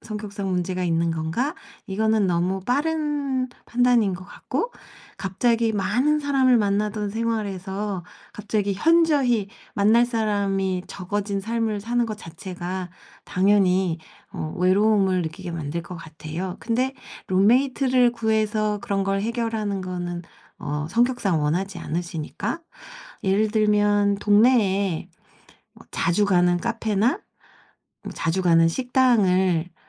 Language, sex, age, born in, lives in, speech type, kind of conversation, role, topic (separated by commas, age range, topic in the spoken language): Korean, female, 45-49, South Korea, South Korea, conversation, advice, advisor, 새로운 도시에서 외로움을 극복하고 사회적 관계를 어떻게 만들 수 있나요?